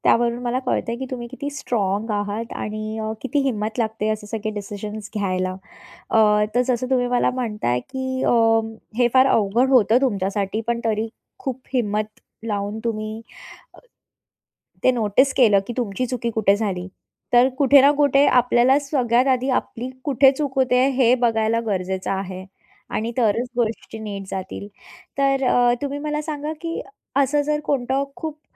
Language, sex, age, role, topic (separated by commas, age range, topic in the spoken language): Marathi, female, 30-34, host, अचानक आलेल्या बदलांशी तुम्ही कसे जुळवून घेता?